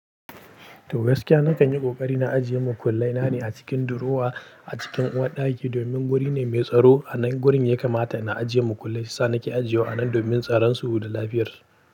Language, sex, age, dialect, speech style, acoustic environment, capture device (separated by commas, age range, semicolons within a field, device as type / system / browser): Hausa, male, 18-24, Nigeria - Pidgin-influenced, happy, people talking; silence, mobile / Linux / Chrome